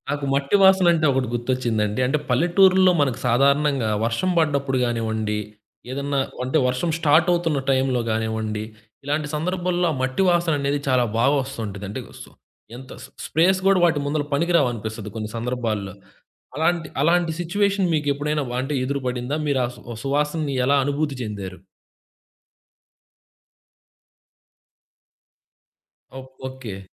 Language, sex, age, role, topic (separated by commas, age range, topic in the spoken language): Telugu, male, 20-24, host, పల్లె గాలిలోని సువాసన నీకు గుర్తుందా—దానిని వివరించగలవా?